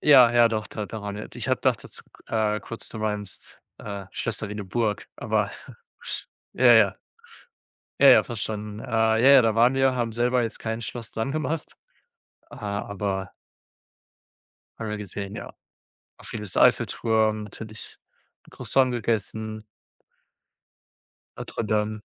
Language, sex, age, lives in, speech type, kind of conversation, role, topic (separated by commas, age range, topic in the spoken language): German, male, 25-29, Germany, conversation, unstructured, none, Welche Vorteile hat es, neue Länder zu entdecken?